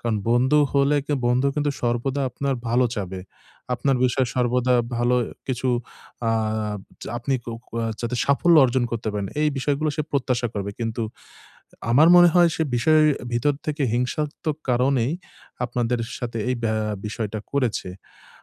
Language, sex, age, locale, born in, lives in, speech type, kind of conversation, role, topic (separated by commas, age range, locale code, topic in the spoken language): Bengali, male, 25-29, bn-BD, Bangladesh, Bangladesh, conversation, advice, advisor, আমি কীভাবে দ্রুত ও স্পষ্টভাবে আমার অনুভূতিগুলো চিনে তাদের নাম দিতে পারি?